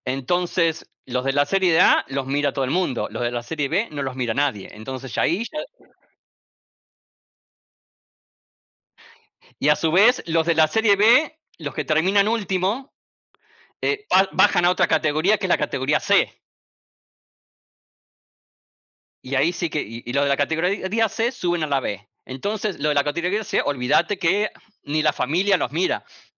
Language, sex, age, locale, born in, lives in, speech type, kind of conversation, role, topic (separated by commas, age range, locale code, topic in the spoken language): Spanish, male, 45-49, es-AR, Argentina, United States, conversation, unstructured, none, ¿Te parece justo que algunos deportes estén mucho mejor pagados que otros?